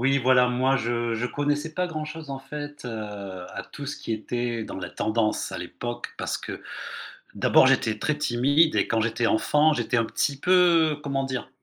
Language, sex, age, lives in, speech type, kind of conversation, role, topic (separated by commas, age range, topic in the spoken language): French, male, 55-59, Spain, conversation, podcast, guest, Comment ton entourage a-t-il fait évoluer tes goûts musicaux ?